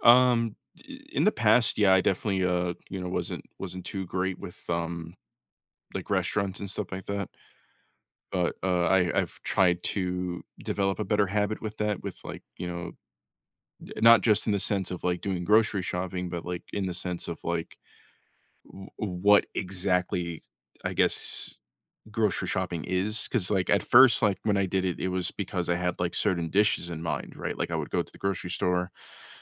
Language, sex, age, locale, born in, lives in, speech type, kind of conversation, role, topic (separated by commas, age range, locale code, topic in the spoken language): English, male, 25-29, en-US, United States, United States, conversation, advice, user, How can I manage my budget and reduce stress when I'm worried about covering next month's bills?